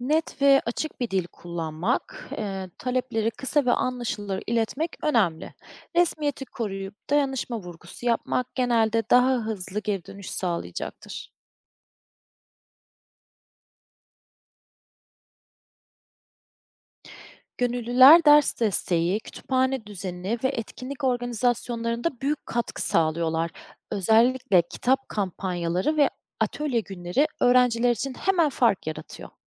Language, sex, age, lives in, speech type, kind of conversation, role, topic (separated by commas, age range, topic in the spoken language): Turkish, female, 30-34, Germany, read, expert-assistant, expert, Okullarda kaynak eksikliği nasıl giderilebilir?